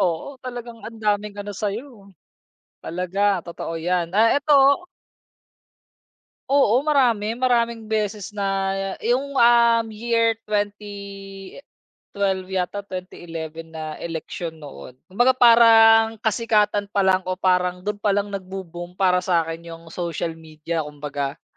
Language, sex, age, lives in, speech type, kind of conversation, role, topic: Filipino, male, 30-34, Philippines, conversation, podcast, guest, Paano mo binabalanse ang personal at pampublikong bahagi ng buhay mo sa internet?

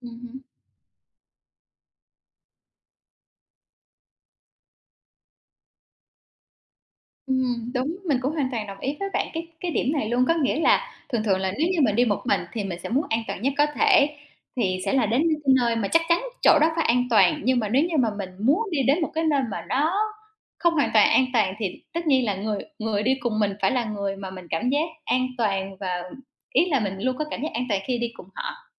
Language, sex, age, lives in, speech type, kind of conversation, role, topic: Vietnamese, female, 30-34, Vietnam, conversation, unstructured, none, Làm sao bạn giữ an toàn khi đi du lịch bụi?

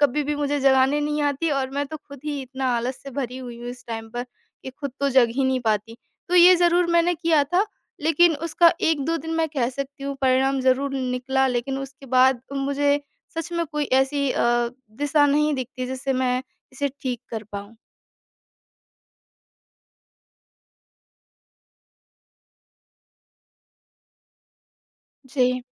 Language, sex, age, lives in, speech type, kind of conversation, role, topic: Hindi, female, 25-29, India, conversation, advice, user, मैं नियमित रूप से व्यायाम की दिनचर्या कैसे बनाए रख सकता/सकती हूँ?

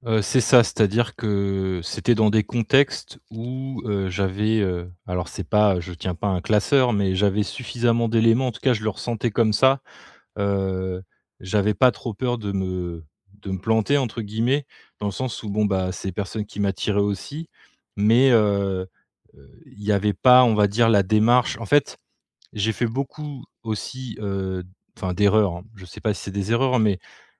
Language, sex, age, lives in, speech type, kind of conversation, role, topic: French, male, 35-39, France, conversation, advice, user, Comment surmonter la peur du rejet lorsque vous cherchez une relation amoureuse ?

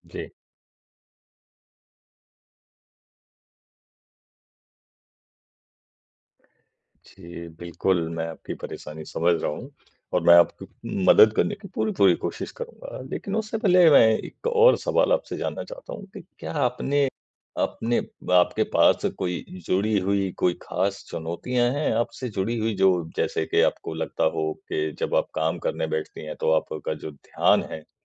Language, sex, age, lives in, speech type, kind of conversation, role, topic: Hindi, male, 40-44, India, conversation, advice, advisor, मैं रोज़मर्रा के कामों के दौरान ज़्यादा उपस्थित कैसे रह सकता/सकती हूँ?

